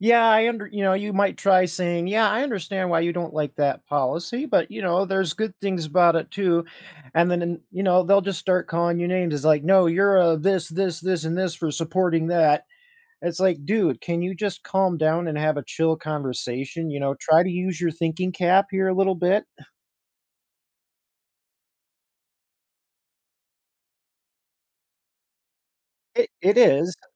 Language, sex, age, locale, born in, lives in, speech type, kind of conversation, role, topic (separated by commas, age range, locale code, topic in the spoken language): English, male, 30-34, en-US, United States, United States, conversation, advice, user, How can I share my feelings without triggering an argument?